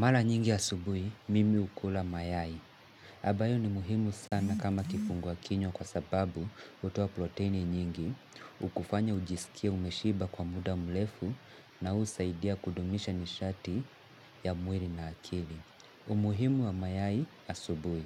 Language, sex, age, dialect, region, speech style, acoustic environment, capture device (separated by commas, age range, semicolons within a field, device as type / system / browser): Swahili, male, 18-24, Kenya - Mombasa, Kenya, happy, nature, mobile / Linux / Chrome